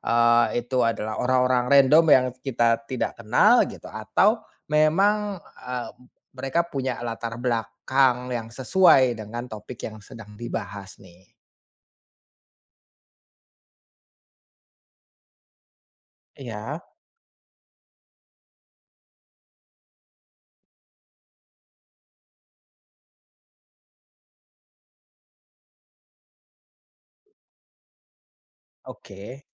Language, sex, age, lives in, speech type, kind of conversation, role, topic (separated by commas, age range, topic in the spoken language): Indonesian, male, 30-34, Indonesia, conversation, advice, advisor, Bagaimana cara mengetahui kapan saya perlu membela pendapat dan kapan sebaiknya melepaskannya?